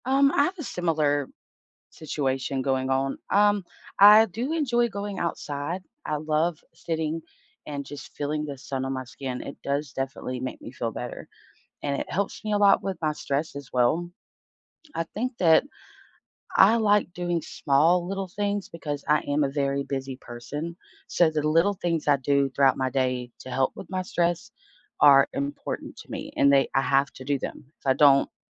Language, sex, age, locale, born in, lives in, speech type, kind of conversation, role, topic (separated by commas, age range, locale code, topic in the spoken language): English, female, 30-34, en-US, United States, United States, conversation, unstructured, none, How can you manage stress through simple, everyday moments, and what are the benefits and limitations of this approach?